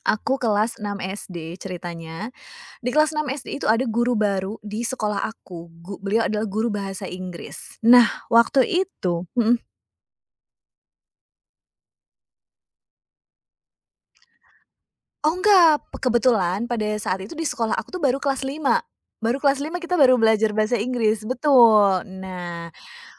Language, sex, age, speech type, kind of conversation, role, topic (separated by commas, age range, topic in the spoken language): Indonesian, female, 30-34, conversation, podcast, guest, Apa pengalaman belajar yang paling berkesan buat kamu?